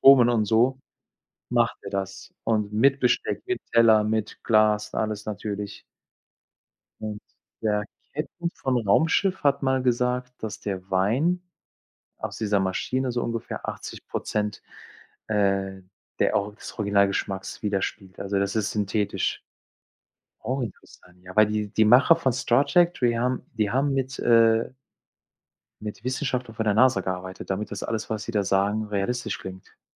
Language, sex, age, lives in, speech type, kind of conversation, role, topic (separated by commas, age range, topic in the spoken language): German, male, 45-49, Germany, conversation, unstructured, none, Welche Erfindung findest du in letzter Zeit am spannendsten?